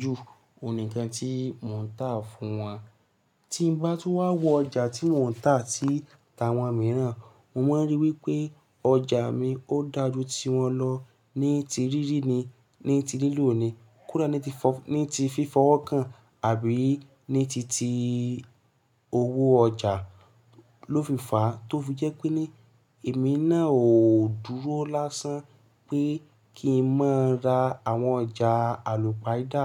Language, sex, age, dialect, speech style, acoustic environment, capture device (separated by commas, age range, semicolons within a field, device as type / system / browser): Yoruba, male, 18-24, Nigeria - Lagos Yoruba, focused, nature, mobile / Linux / Chrome